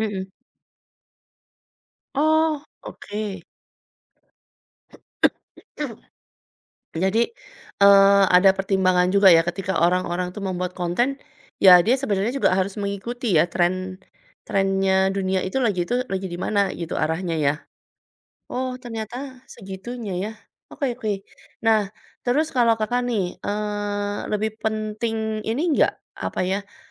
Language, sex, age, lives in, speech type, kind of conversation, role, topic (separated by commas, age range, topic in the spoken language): Indonesian, female, 40-44, Indonesia, conversation, podcast, host, Apa yang membuat konten media sosial mudah menjadi viral menurutmu?